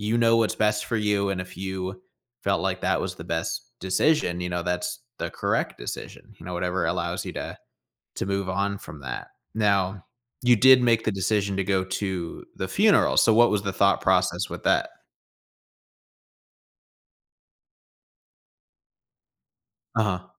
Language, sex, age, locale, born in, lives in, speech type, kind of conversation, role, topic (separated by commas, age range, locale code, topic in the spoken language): English, male, 25-29, en-US, United States, United States, conversation, advice, advisor, How can I forgive someone who hurt me?